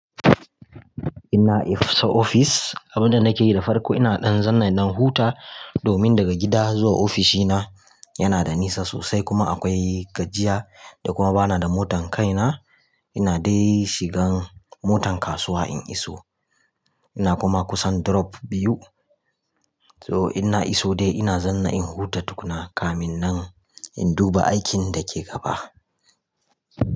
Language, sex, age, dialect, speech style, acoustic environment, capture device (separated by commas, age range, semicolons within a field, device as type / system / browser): Hausa, male, 25-34, Nigeria - Kano, tired, nature, mobile / Linux / Chrome